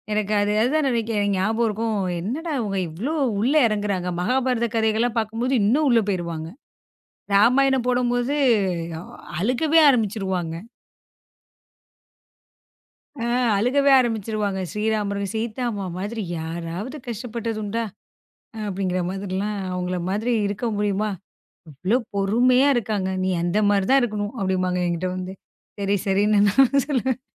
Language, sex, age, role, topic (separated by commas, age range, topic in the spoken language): Tamil, female, 35-39, guest, பழைய தொலைக்காட்சி நிகழ்ச்சிகளை மறுபடியும் பார்க்கும்போது உங்களுக்கு என்ன மாதிரி உணர்வு வரும்?